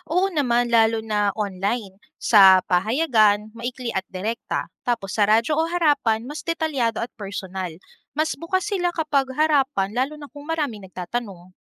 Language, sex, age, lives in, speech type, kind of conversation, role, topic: Filipino, female, 30-34, Philippines, read, expert-assistant, asker, Paano mo ipapaliwanag ang public relations sa isang kaibigan na hindi nagtatrabaho sa media o negosyo?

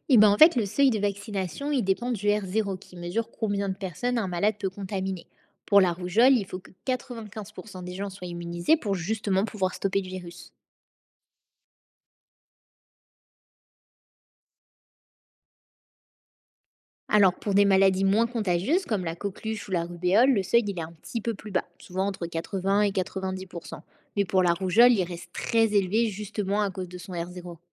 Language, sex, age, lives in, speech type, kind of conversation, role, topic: French, female, 20-24, France, read, expert-assistant, expert, Comment la vaccination aide-t-elle à protéger toute une communauté, pas seulement les individus ?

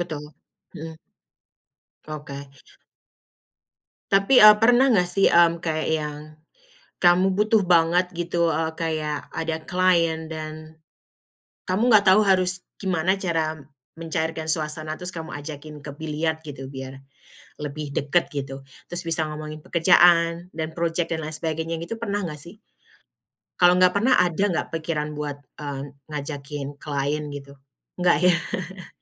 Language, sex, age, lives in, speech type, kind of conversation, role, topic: Indonesian, female, 35-39, United States, conversation, podcast, host, Bagaimana Anda mengatur waktu untuk menekuni hobi saat minggu sedang sibuk?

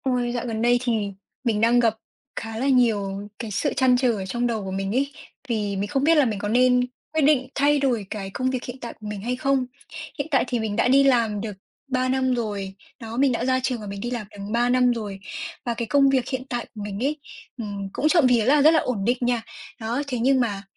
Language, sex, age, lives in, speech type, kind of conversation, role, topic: Vietnamese, female, 20-24, Vietnam, conversation, advice, user, Nên nghỉ việc để khởi nghiệp hay tiếp tục gắn bó với công việc hiện tại ổn định?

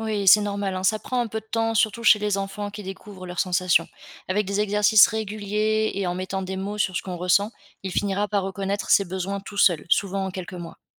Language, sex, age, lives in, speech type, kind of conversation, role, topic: French, female, 25-29, France, read, expert-assistant, expert, Quels sont les meilleurs livres ou podcasts pour comprendre la physiologie humaine au quotidien ?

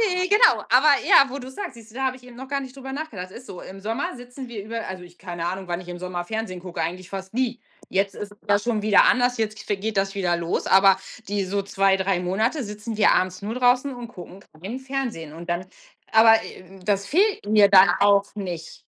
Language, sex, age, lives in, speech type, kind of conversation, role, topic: German, female, 45-49, Germany, conversation, unstructured, none, Was machst du, damit du abends gut runterkommen kannst?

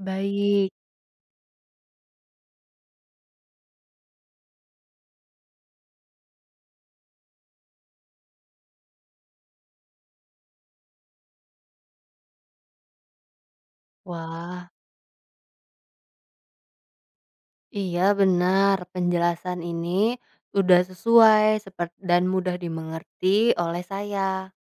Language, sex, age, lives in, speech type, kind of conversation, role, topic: Indonesian, female, 20-24, Indonesia, read, expert-assistant, asker, Mengapa bulan tampak berubah bentuk setiap malam?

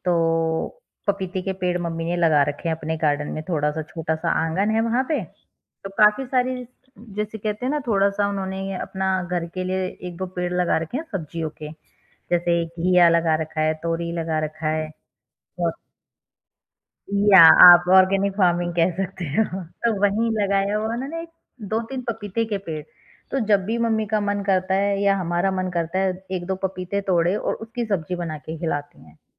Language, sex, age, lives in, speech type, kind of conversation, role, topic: Hindi, female, 30-34, India, conversation, podcast, guest, Kya comfort food sirf taste ke liye hota hai, ya uske saath emotions bhi jud jaate hain?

